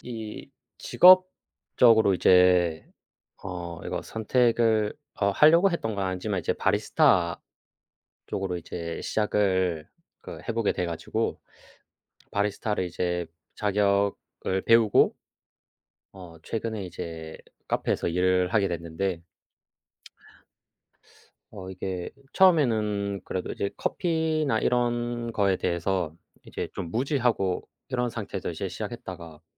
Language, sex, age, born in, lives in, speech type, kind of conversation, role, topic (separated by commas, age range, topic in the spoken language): Korean, male, 25-29, South Korea, South Korea, conversation, unstructured, none, 음식과 관련된 추억 중 가장 기억에 남는 것은 무엇인가요?